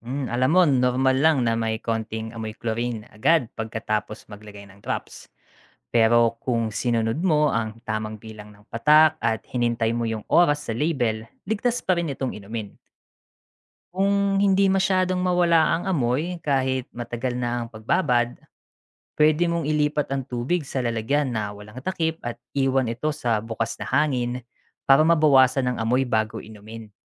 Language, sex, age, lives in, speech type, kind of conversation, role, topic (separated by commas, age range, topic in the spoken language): Filipino, male, 35-39, Philippines, read, expert-assistant, expert, Bakit mahalaga ang malinis na tubig para sa kalusugan?